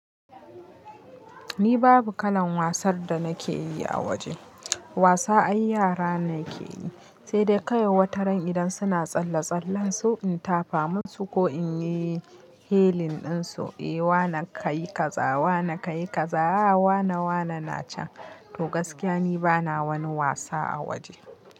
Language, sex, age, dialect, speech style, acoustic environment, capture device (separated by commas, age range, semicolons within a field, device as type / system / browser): Hausa, female, 25-34, Nigeria - Kano, relaxed, people talking; nature, mobile / Linux / Chrome